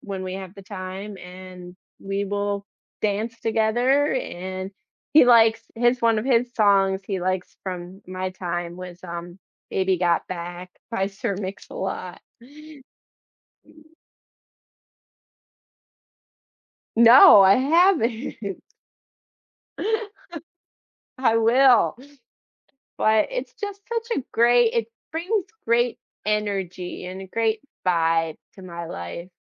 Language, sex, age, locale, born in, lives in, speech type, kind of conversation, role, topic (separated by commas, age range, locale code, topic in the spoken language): English, female, 35-39, en-US, United States, United States, conversation, podcast, guest, In what ways can music shape who we are and how we experience life?